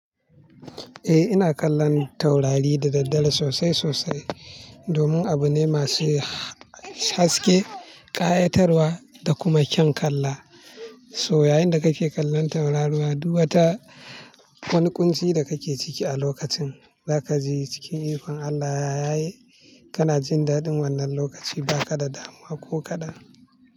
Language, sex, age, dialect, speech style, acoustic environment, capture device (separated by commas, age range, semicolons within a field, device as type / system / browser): Hausa, male, 25-34, Nigeria - Kano, excited; focused; relaxed; happy, people talking; nature, mobile / Linux / Chrome